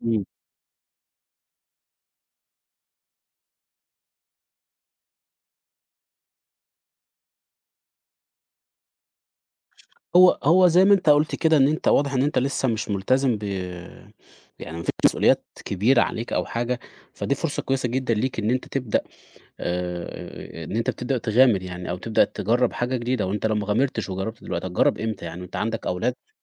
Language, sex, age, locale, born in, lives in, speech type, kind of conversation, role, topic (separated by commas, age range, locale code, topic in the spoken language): Arabic, male, 30-34, ar-EG, Egypt, Portugal, conversation, advice, advisor, إزاي أرجع أدرس أو آخد تدريب في نص العمر عشان أغيّر مجالي المهني؟